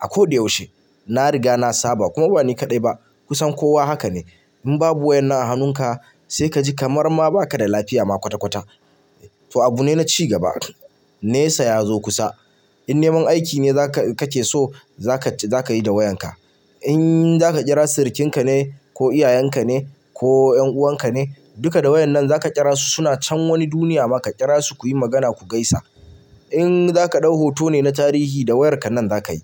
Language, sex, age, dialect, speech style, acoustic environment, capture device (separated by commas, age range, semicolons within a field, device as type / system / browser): Hausa, male, 25-34, Nigeria - Kano, relaxed, appliances, mobile / Linux / Chrome